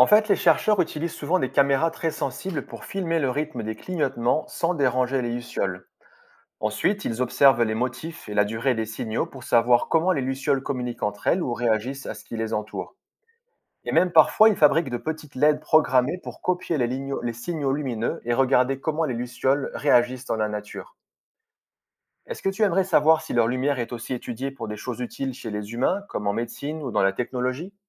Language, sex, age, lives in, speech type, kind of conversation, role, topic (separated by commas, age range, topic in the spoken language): French, male, 35-39, France, read, expert-assistant, expert, Comment les lucioles produisent-elles de la lumière ?